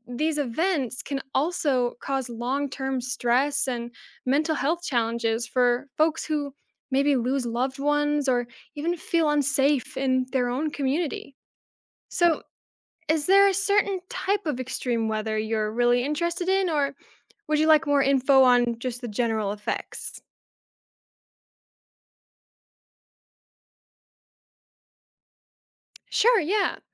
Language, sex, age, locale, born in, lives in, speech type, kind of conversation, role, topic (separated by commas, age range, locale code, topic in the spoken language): English, female, 20-24, en-US, United States, United States, read, expert-assistant, expert, How do extreme weather events affect communities?